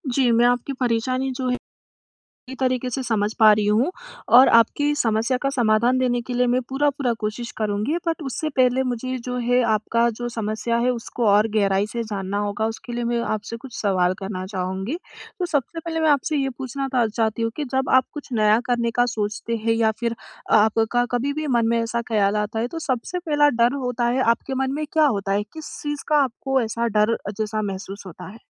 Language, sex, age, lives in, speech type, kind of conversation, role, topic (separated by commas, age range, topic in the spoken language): Hindi, female, 20-24, India, conversation, advice, advisor, असफल होने का डर मुझे नए शौक शुरू करने से क्यों रोकता है?